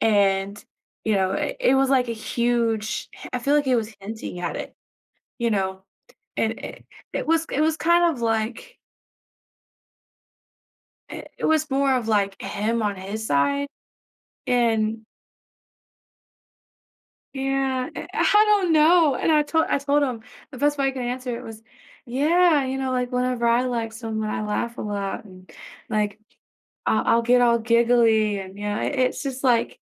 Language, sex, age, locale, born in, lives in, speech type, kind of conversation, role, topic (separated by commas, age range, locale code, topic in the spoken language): English, female, 25-29, en-US, United States, United States, conversation, advice, user, How can I navigate the early days of a new relationship while building trust and healthy communication?